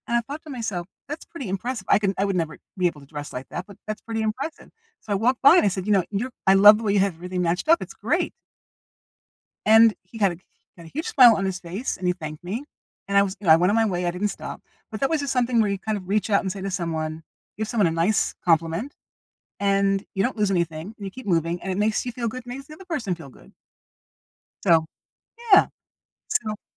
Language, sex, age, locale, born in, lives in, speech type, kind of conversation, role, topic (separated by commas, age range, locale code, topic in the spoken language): English, female, 60-64, en-US, United States, United States, conversation, podcast, guest, What makes starting a conversation with someone new feel easy or difficult?